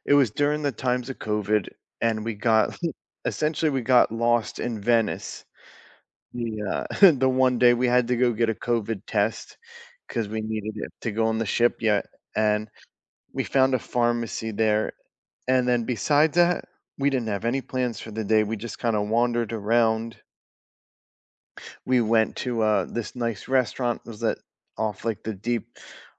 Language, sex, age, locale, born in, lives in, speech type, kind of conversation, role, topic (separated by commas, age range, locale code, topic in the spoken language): English, male, 35-39, en-US, United States, United States, conversation, unstructured, none, What is your favorite place you have ever visited?